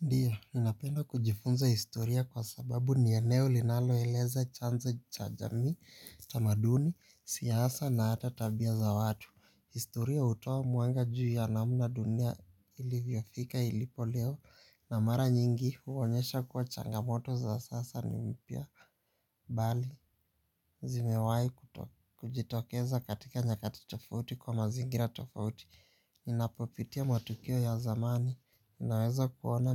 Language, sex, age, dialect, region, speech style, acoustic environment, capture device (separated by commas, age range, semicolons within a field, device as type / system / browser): Swahili, male, 18-24, Kenya - Nairobi Sheng-influenced, Kenya, relaxed, silence, mobile / Linux / Chrome